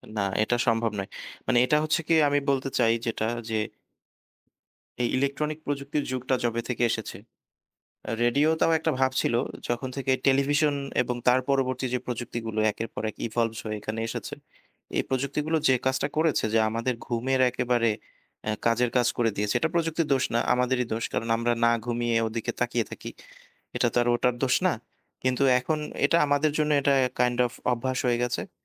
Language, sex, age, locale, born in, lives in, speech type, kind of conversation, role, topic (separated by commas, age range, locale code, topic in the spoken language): Bengali, male, 25-29, bn-BD, Bangladesh, Bangladesh, conversation, unstructured, none, প্রযুক্তি আপনার জীবনে কীভাবে প্রভাব ফেলেছে?